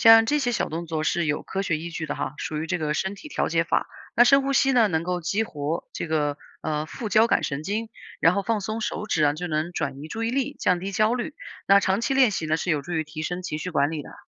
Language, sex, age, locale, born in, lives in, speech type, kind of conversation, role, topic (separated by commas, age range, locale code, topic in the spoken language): Chinese, female, 30-34, zh-CN, China, United States, read, expert-assistant, expert, 为什么情商高的人在职场中更容易获得成功？